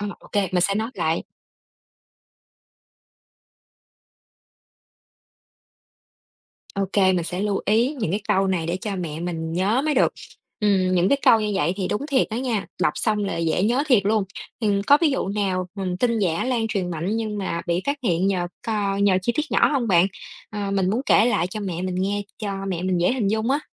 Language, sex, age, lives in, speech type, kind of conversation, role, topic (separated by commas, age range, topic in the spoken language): Vietnamese, female, 30-34, Vietnam, read, expert-assistant, asker, Có mẹo nào giúp mình kiểm tra nhanh tin tức trên mạng là thật hay giả không?